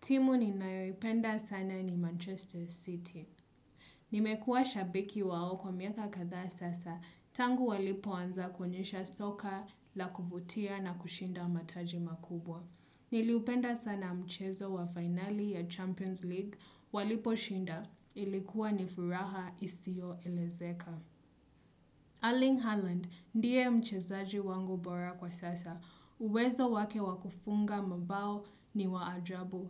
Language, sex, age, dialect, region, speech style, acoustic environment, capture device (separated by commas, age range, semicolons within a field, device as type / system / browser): Swahili, female, 25-34, Kenya - Mombasa, Kenya, happy; relaxed; focused, people talking; nature; silence; music; appliances, desktop / Windows / Chrome